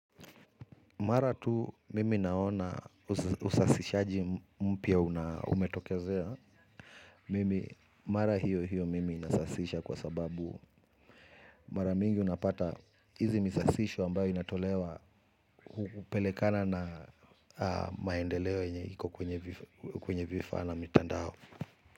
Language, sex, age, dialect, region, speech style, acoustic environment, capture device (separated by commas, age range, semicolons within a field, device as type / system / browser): Swahili, male, 35-44, Kenya - Nairobi Sheng-influenced, Kenya, relaxed, people talking, mobile / Linux / Chrome